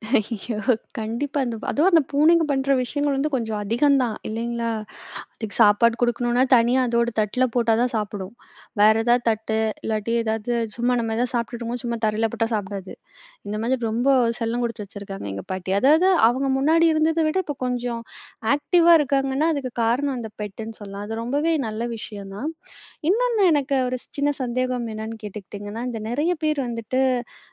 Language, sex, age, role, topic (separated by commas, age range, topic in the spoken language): Tamil, female, 20-24, host, மூத்தவர்கள் தனிமைப்படாமல் இருக்க, சமுதாயம் என்ன செய்யலாம்?